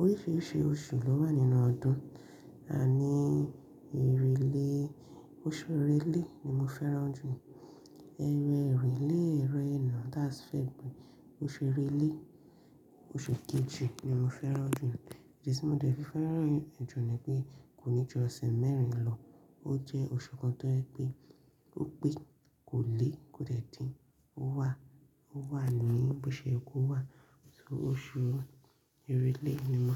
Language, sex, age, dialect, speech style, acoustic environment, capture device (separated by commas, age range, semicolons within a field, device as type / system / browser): Yoruba, female, 25-34, Nigeria - Ibadan, relaxed, silence; nature, mobile / Linux / Chrome